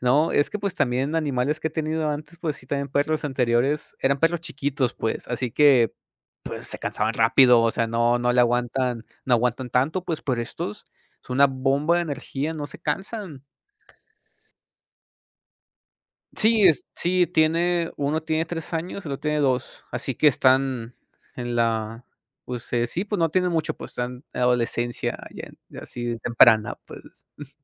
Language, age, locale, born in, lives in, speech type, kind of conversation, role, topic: Spanish, 25-29, es-MX, Mexico, Mexico, conversation, unstructured, none, ¿Cuál es tu animal doméstico favorito y por qué?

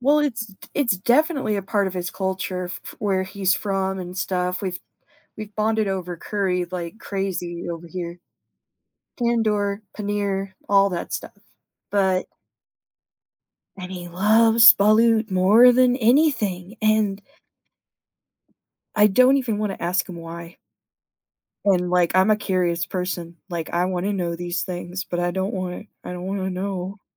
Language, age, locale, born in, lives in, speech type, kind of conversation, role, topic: English, 25-29, en-US, United States, United States, conversation, advice, user, How can I talk to my partner about a habit that makes me feel disgusted?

